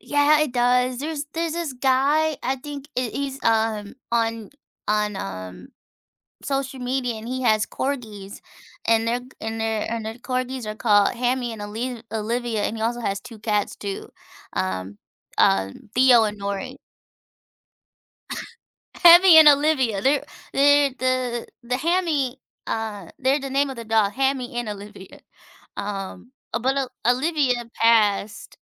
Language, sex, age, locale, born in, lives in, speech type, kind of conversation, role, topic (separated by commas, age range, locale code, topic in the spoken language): English, female, 30-34, en-US, United States, United States, conversation, unstructured, none, Would you rather be able to communicate with animals or understand nature’s language?